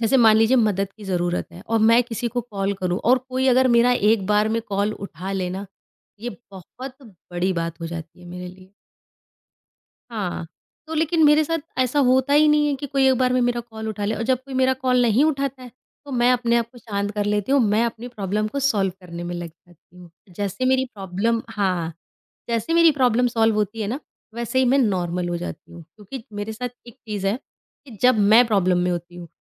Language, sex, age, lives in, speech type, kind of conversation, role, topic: Hindi, female, 30-34, India, conversation, podcast, guest, Tum apni values ko kaise pehchante ho?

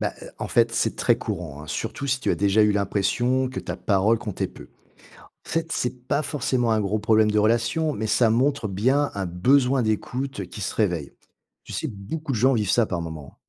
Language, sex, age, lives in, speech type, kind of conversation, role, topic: French, male, 45-49, France, read, expert-assistant, expert, Comment nos expériences d’enfance influencent-elles nos relations aujourd’hui ?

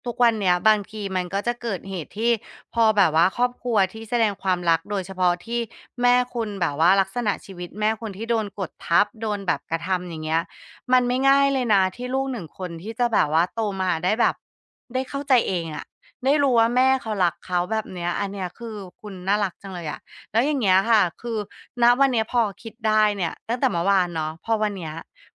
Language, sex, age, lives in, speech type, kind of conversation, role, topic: Thai, female, 35-39, Thailand, conversation, podcast, host, เคยมีปัญหาในครอบครัวเพราะแต่ละคนแสดงความรักไม่เหมือนกันไหม?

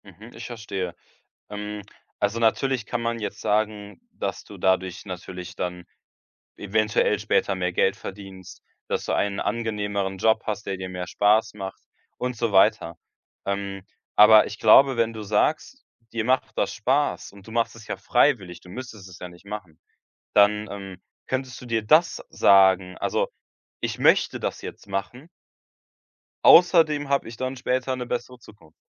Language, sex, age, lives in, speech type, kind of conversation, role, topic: German, male, 18-19, Germany, conversation, advice, advisor, Wie kann ich geduldig bleiben, wenn mein langfristiges Ziel nur langsam Fortschritte zeigt?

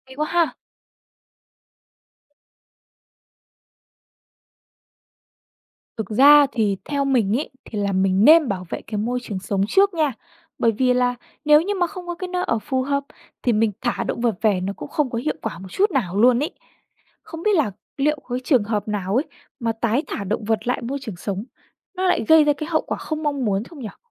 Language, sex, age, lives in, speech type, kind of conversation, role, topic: Vietnamese, female, 20-24, Vietnam, read, expert-assistant, asker, Nếu chỉ có thời gian đọc về một số loài động vật trong tuần này, bạn sẽ chọn loài nào để tìm hiểu trước, dựa trên sự độc đáo hoặc vai trò của chúng trong môi trường sống?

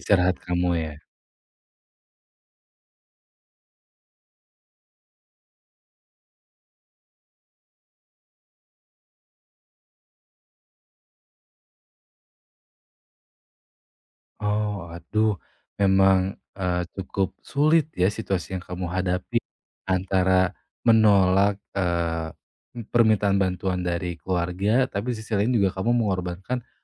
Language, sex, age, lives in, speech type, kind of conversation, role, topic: Indonesian, male, 25-29, Indonesia, conversation, advice, advisor, Bagaimana cara mengatakan tidak tanpa merasa bersalah saat diminta kerja tambahan?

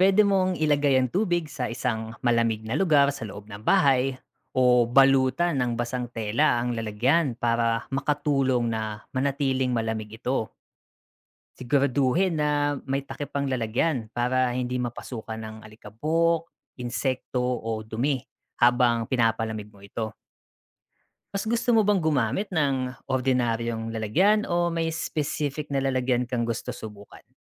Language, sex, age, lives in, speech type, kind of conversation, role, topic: Filipino, male, 35-39, Philippines, read, expert-assistant, expert, Bakit mahalaga ang pag-inom ng malinis na tubig?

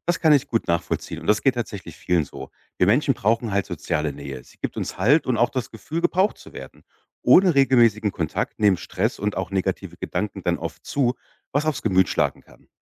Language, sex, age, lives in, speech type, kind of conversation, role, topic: German, male, 35-39, Germany, read, expert-assistant, expert, Weshalb kann Einsamkeit unsere seelische Gesundheit beeinflussen?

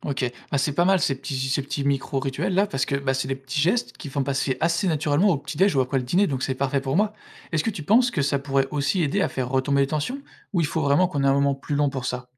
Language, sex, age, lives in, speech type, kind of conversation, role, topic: French, male, 25-29, France, read, expert-assistant, asker, Quelles habitudes concrètes peut-on adopter au quotidien pour améliorer sa communication dans un couple et éviter les malentendus récurrents ?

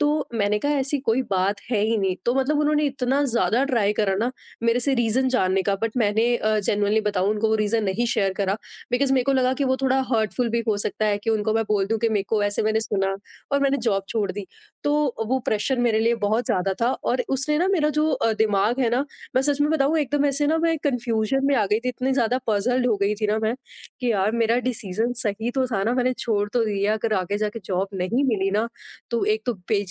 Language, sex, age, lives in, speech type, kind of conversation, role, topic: Hindi, female, 25-29, India, conversation, podcast, guest, Jab comfort aur growth mein takraav ho, tum kaise faisla karte ho?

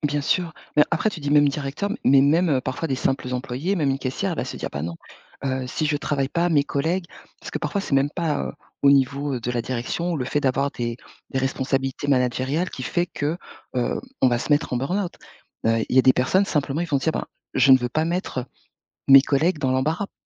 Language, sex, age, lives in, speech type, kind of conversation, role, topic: French, female, 45-49, France, conversation, podcast, guest, Comment gères-tu l’équilibre entre vie professionnelle et vie personnelle ?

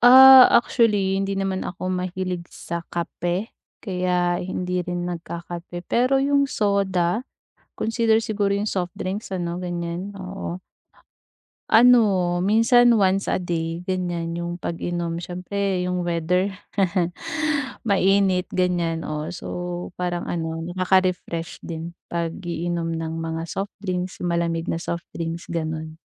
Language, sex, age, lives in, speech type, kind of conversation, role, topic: Filipino, female, 25-29, Philippines, conversation, advice, user, Bakit nahihirapan akong magpahinga sa bahay kahit pagod na pagod na ako?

